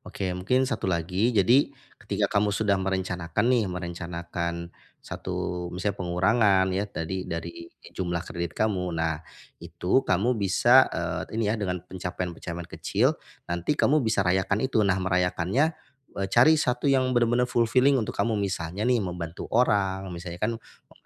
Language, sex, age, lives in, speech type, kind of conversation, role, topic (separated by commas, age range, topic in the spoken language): Indonesian, male, 40-44, Indonesia, conversation, advice, advisor, Bagaimana saya bisa mulai mengelola utang yang menumpuk agar kecemasan saya berkurang?